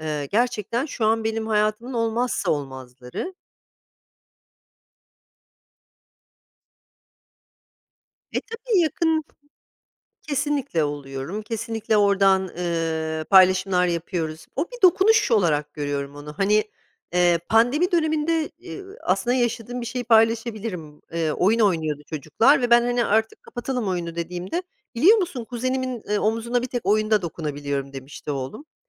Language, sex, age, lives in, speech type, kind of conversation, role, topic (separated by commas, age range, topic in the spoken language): Turkish, female, 45-49, United States, conversation, podcast, guest, Sosyal medyanın hayatını nasıl etkilediğini açıklar mısın?